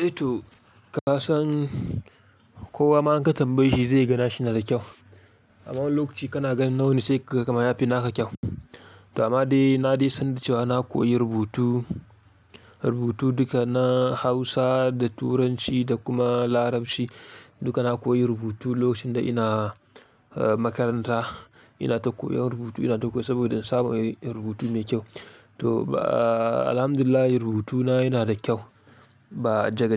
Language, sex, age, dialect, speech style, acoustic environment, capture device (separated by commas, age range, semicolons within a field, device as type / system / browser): Hausa, male, 25-34, Nigeria - Kano, excited, silence, mobile / Linux / Chrome